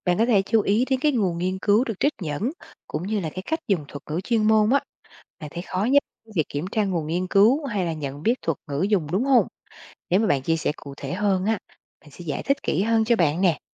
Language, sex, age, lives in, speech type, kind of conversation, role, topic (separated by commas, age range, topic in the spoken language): Vietnamese, female, 40-44, Vietnam, read, expert-assistant, expert, Làm sao để nhận biết thông tin về sức khỏe cộng đồng trên mạng có đáng tin không?